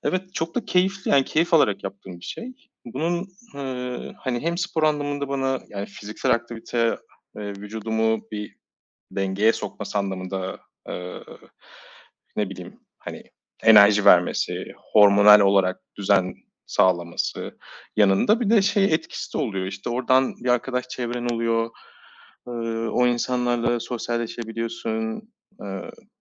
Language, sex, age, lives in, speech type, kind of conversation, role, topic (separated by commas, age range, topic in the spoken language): Turkish, male, 35-39, Germany, conversation, unstructured, none, Düzenli spor yapmanın sence ne gibi faydaları var?